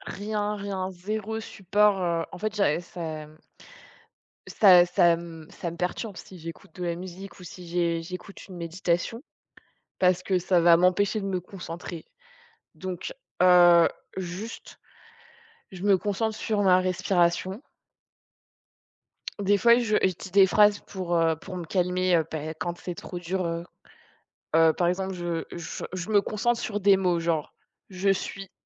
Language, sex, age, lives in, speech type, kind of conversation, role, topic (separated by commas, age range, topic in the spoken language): French, female, 25-29, France, conversation, unstructured, none, Quels petits gestes fais-tu chaque jour pour te sentir mieux ?